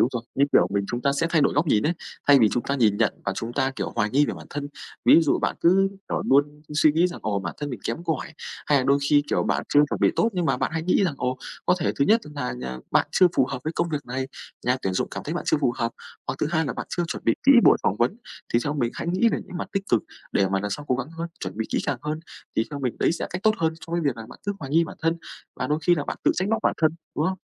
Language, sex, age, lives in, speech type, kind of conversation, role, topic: Vietnamese, male, 25-29, Vietnam, conversation, unstructured, none, Làm thế nào để vượt qua những thất bại trong cuộc sống?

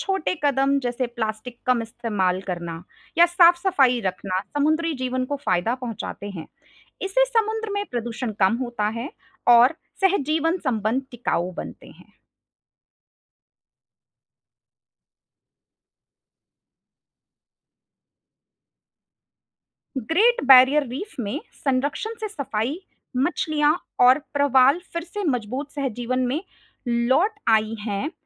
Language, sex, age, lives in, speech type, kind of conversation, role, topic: Hindi, female, 25-29, India, read, expert-assistant, expert, समुद्री जीवों के बीच सहजीवन (symbiosis) के लिए कोई रोचक वास्तविक जीवन उदाहरण बताइए, जिसे हम अपने रोज़मर्रा के रिश्तों से तुलना कर सकें।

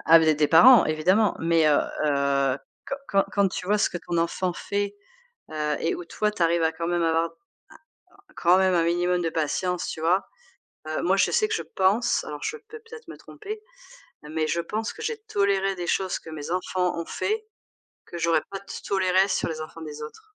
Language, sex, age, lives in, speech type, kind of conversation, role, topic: French, female, 45-49, France, conversation, unstructured, none, Quelle est la plus grande leçon que vous ayez tirée sur l’importance de la patience ?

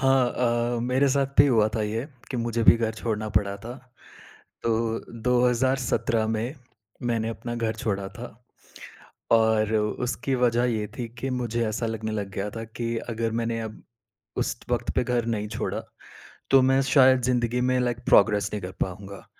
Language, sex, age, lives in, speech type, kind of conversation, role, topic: Hindi, male, 30-34, India, conversation, podcast, guest, Kab tumne ghar chhoda aur tumhe kaisa laga?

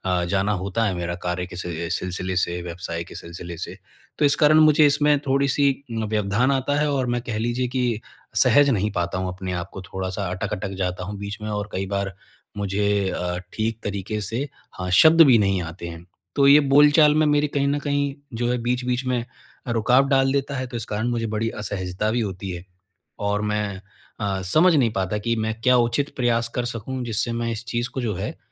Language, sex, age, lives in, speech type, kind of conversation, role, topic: Hindi, male, 25-29, India, conversation, advice, user, दैनिक संवाद और बोलचाल का अभ्यास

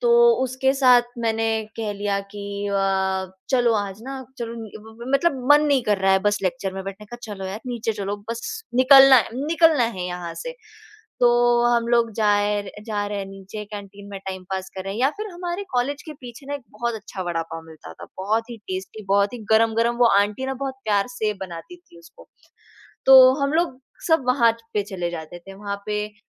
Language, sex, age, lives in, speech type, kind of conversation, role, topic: Hindi, female, 20-24, India, conversation, podcast, guest, Khaana aur chai jaise ritual dosti ko mazboot banane mein kaise madad karte hain?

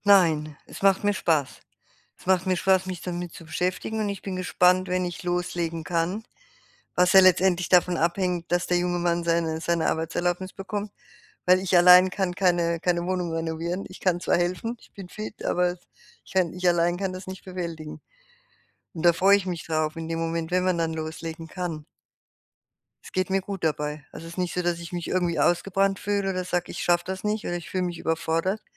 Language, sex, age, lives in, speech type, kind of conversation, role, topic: German, female, 60-64, Germany, conversation, advice, user, Wie finde ich heraus, ob ich meinen Lebensweg neu ausrichten sollte?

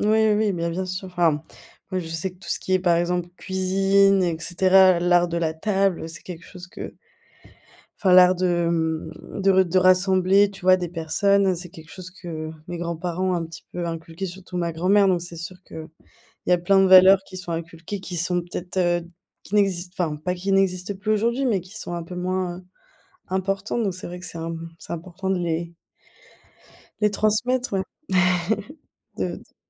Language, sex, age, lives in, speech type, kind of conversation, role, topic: French, female, 25-29, Germany, conversation, podcast, host, Quel est, selon toi, le rôle des grands-parents aujourd’hui, par rapport à autrefois ?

